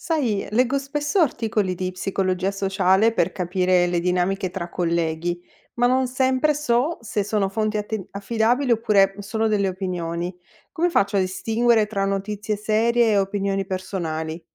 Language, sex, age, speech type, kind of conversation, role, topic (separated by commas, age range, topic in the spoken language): Italian, female, 45-49, read, expert-assistant, asker, Come faccio a sapere se le notizie sulla psicologia sociale che leggo online sono serie o solo opinioni?